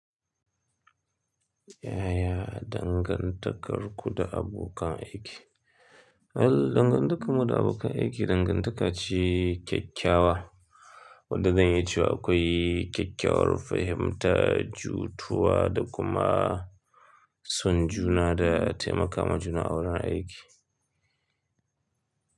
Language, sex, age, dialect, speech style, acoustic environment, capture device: Hausa, male, 25-34, Nigeria - Kano, happy, silence, mobile / Linux / Chrome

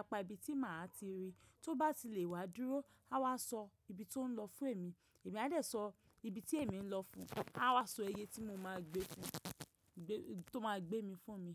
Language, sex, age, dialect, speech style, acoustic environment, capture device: Yoruba, female, 25-34, Nigeria - Ibadan, relaxed; focused, nature; silence, mobile / macOS / Safari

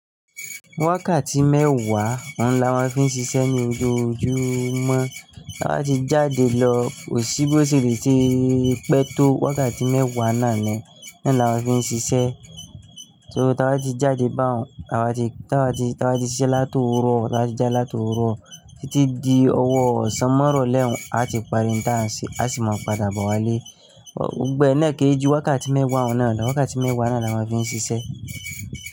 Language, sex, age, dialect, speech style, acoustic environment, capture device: Yoruba, male, 25-34, Nigeria - Nigerian Standard, anxious, nature, mobile / Linux / Chrome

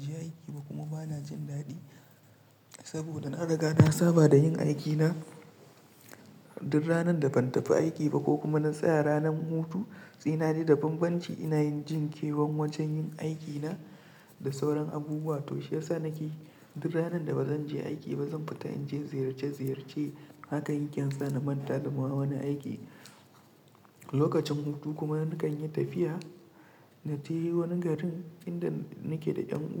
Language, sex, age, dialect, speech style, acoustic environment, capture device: Hausa, male, 25-34, Nigeria - Nigerian Standard, relaxed; tired; focused; stressed, silence; nature, mobile / Linux / Chrome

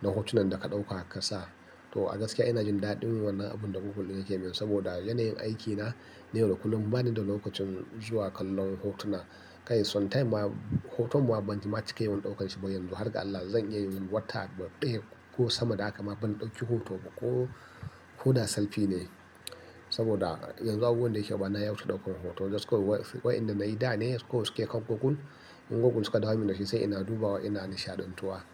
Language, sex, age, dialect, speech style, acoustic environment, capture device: Hausa, male, 35-44, Nigeria - Nigerian Standard, happy, nature, mobile / Linux / Chrome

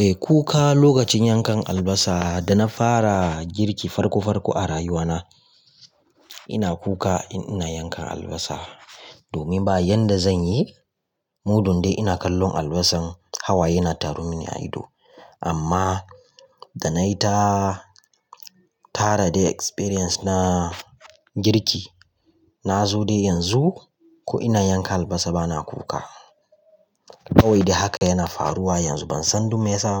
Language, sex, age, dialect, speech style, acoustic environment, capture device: Hausa, male, 25-34, Nigeria - Kano, relaxed, nature, mobile / Linux / Chrome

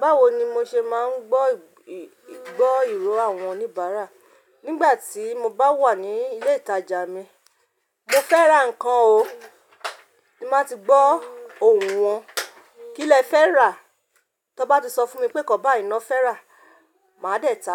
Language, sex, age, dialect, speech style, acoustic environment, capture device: Yoruba, female, 35-44, Nigeria - Ibadan, relaxed; focused; stressed, nature; silence, mobile / Linux / Chrome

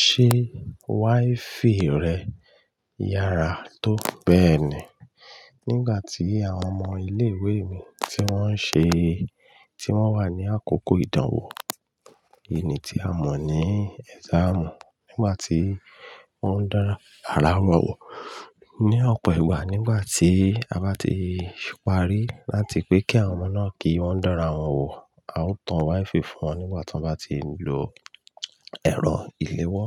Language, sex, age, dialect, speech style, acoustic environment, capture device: Yoruba, male, 35-44, Nigeria - Ibadan, tired, people talking, mobile / Linux / Chrome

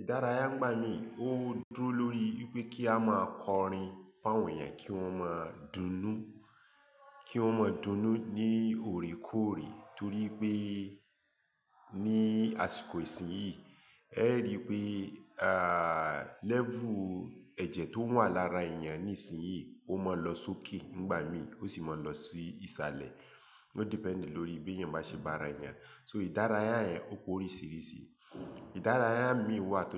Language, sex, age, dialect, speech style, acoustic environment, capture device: Yoruba, male, 35-44, Nigeria - Lagos Yoruba, focused, silence, mobile / Linux / Chrome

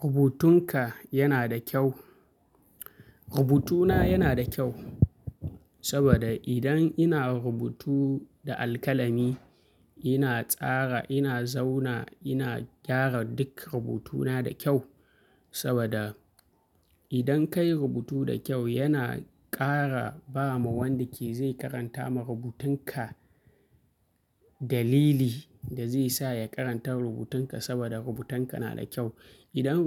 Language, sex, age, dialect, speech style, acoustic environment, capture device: Hausa, male, 25-34, Nigeria - Kano, happy; focused, nature, mobile / Linux / Chrome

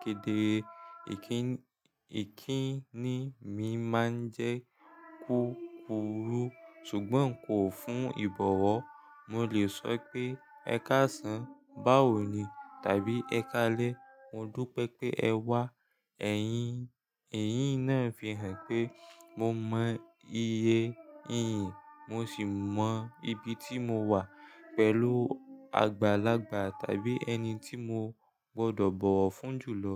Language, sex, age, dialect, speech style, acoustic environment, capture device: Yoruba, male, 18-24, Nigeria - Lagos Yoruba, relaxed; tired, people talking, mobile / Linux / Chrome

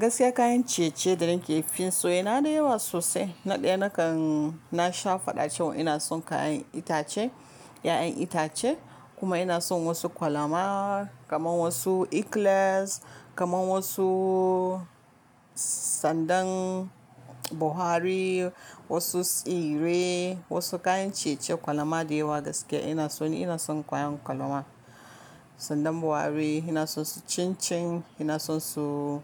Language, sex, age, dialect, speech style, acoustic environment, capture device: Hausa, female, 25-34, Nigeria - Kano, relaxed; focused, silence, mobile / Linux / Chrome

